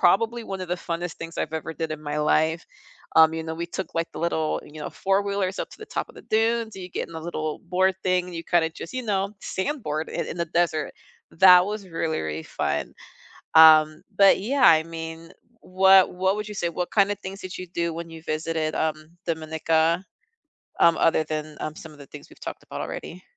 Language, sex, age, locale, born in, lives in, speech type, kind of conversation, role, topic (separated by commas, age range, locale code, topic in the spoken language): English, female, 30-34, en-US, United States, United States, conversation, unstructured, none, What is a travel memory you often revisit in your mind?